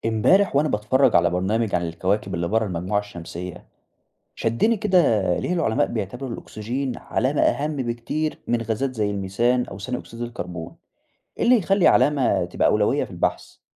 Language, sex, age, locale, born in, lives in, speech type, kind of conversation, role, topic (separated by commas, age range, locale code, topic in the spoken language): Arabic, male, 20-24, ar-EG, Egypt, Egypt, read, expert-assistant, asker, من بين كل العلامات اللي ممكن تدل على وجود حياة برا الأرض، إيه العلامات اللي تعتبر أهم وضروري نبحث عنها الأول؟